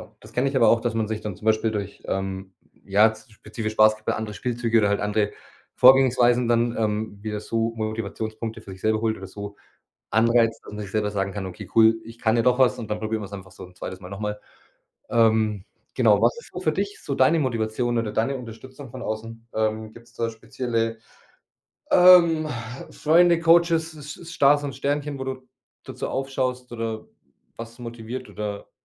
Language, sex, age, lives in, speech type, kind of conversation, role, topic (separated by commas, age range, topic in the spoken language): German, male, 30-34, Germany, conversation, podcast, host, Wie motivierst du dich nach einem Rückschlag wieder?